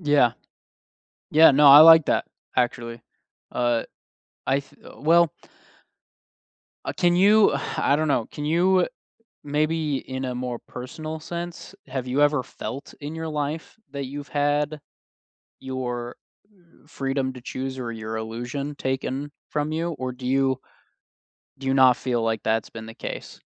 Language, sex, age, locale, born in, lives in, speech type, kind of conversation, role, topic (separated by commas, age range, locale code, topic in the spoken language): English, male, 30-34, en-US, United States, United States, conversation, unstructured, none, What scares you most about losing your freedom to choose?